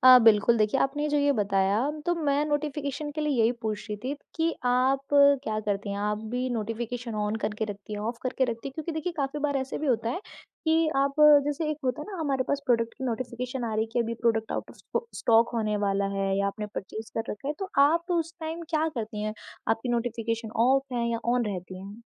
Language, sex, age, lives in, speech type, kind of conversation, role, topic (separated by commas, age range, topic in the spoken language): Hindi, female, 20-24, India, conversation, podcast, host, Online shopping mein impulse buys ko kaise rok lete ho?